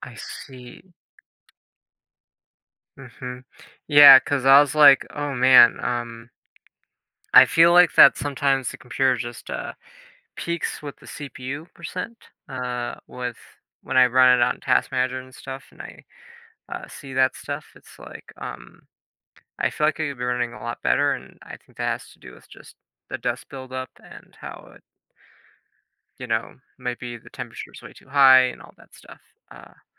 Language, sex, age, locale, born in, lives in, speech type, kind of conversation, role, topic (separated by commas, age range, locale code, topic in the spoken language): English, male, 20-24, en-US, United States, United States, conversation, advice, user, How can I stay motivated and make steady progress when I'm overwhelmed by a tough project?